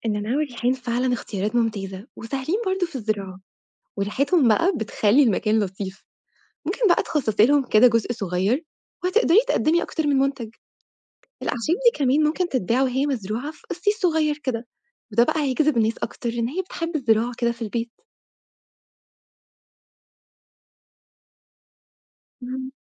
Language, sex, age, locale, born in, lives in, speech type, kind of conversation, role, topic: Arabic, female, 25-29, ar-EG, Egypt, Egypt, read, expert-assistant, expert, لو أنا صاحب مشروع صغير لإنتاج أكل عضوي وعندي موارد محدودة، أبدأ منين وأحل المشاكل اللي بتقابلني؟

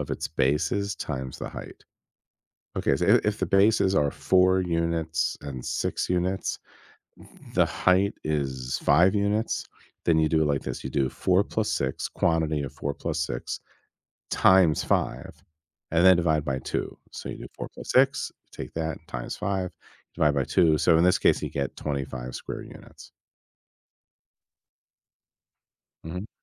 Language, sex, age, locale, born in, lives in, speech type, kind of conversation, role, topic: English, male, 50-54, en-US, United States, United States, read, expert-assistant, expert, How do you calculate the area of a square?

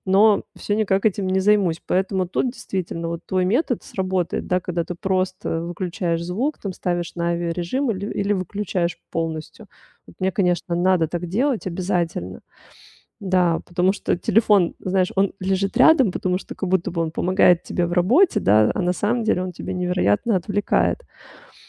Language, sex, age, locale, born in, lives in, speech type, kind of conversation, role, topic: Russian, female, 35-39, ru-RU, Russia, Italy, conversation, advice, user, Как меньше терять время при постоянном переключении между задачами?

